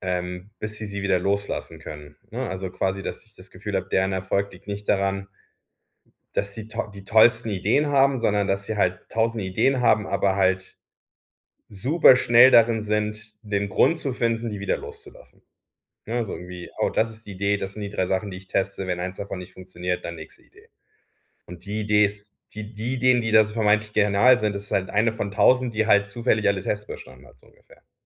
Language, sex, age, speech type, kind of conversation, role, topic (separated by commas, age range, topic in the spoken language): German, male, 40-44, conversation, advice, user, Wie fange ich am besten an, wenn ich mich von zu vielen Zielen überwältigt fühle?